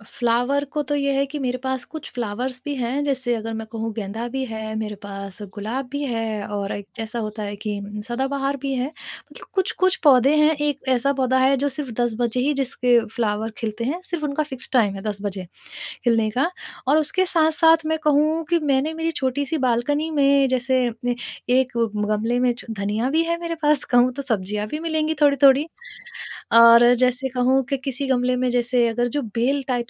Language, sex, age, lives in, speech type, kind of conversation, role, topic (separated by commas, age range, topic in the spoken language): Hindi, female, 30-34, India, conversation, podcast, guest, Tumhare ghar mein tumhari sabse aaramdeh jagah kaunsi hai, aur kyun?